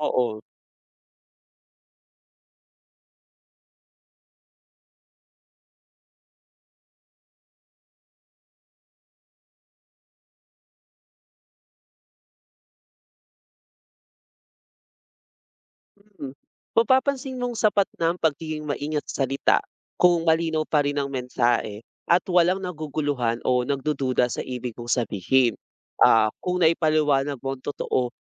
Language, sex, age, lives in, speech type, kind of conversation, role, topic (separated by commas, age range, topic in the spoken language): Filipino, male, 25-29, Philippines, read, expert-assistant, expert, Bakit mahalaga ang pagiging tapat sa sarili at sa kapwa?